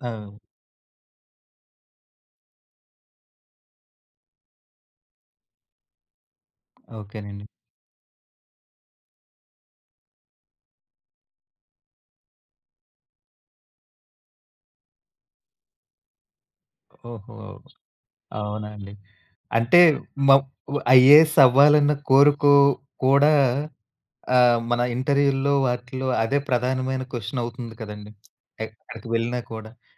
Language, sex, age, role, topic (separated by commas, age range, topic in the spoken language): Telugu, male, 35-39, host, కుటుంబ ఆశలు మరియు వ్యక్తిగత లక్ష్యాల్లో మీకు ఏది ఎక్కువ ముఖ్యంగా అనిపిస్తుంది?